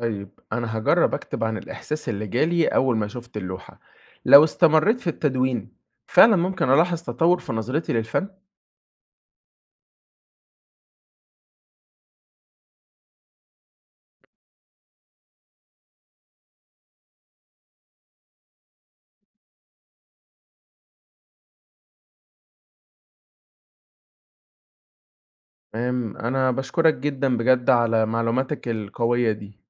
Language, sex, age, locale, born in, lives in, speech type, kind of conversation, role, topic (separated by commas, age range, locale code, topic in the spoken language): Arabic, male, 20-24, ar-EG, Egypt, Egypt, read, expert-assistant, asker, إزاي أقدر أطور نظرتي الفنية وأفهم الأعمال الفنية بشكل أعمق، حتى لو مش فنان محترف؟